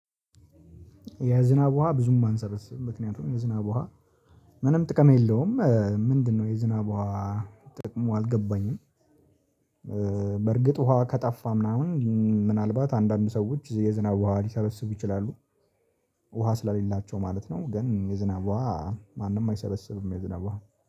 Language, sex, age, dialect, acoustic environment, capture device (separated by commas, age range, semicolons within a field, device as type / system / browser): Amharic, male, 18-24, Ethiopia - Addis Ababa, silence, mobile / Linux / Chrome